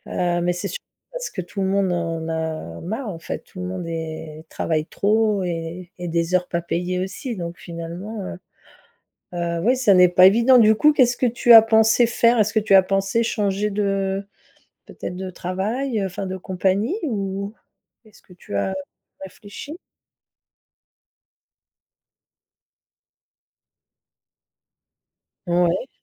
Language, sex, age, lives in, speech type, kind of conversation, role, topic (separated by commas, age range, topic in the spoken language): French, female, 50-54, France, conversation, advice, advisor, Pourquoi ai-je du mal à poser des limites à mes collègues ou à mon patron ?